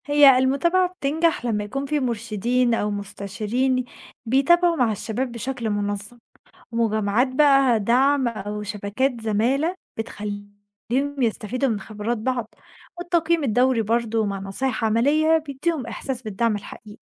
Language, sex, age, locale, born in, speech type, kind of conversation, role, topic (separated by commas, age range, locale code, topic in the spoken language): Arabic, female, 20-24, ar-EG, Egypt, read, expert-assistant, expert, إيه الأفكار الجديدة اللي ممكن نعمل بيها سياسات تساعد الشباب يلاقوا شغل أسهل؟